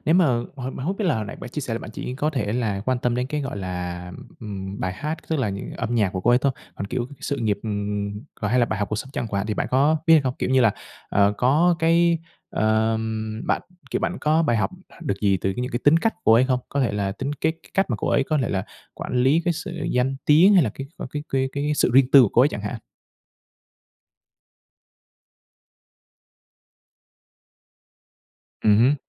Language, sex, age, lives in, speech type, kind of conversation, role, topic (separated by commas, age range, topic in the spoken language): Vietnamese, male, 25-29, Vietnam, conversation, podcast, host, Nghệ sĩ nào có ảnh hưởng lớn nhất đến bạn?